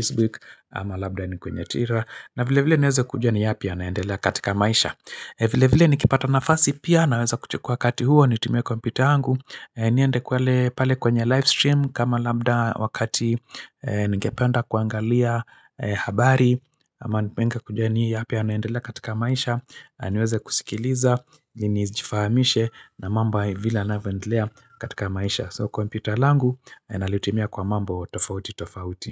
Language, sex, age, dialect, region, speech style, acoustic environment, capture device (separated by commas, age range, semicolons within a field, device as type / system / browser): Swahili, male, 45-54, Kenya - Nairobi Sheng-influenced, Kenya, happy; focused; excited; relaxed, silence, mobile / Linux / Chrome